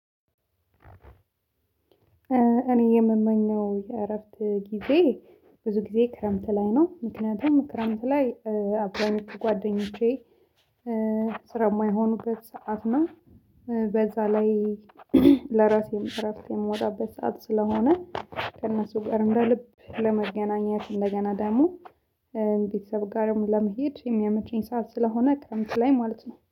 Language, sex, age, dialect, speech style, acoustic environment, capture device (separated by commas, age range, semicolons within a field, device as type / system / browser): Amharic, female, 25-34, Ethiopia - Addis Ababa, happy, silence, mobile / Linux / Chrome